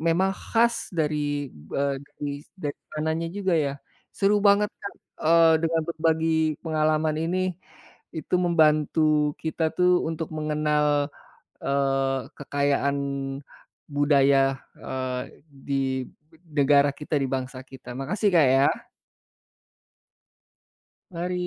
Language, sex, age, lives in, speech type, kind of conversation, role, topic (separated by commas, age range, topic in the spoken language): Indonesian, male, 45-49, Indonesia, conversation, podcast, host, Bagaimana musik membantu kamu menjaga identitas budaya saat merantau?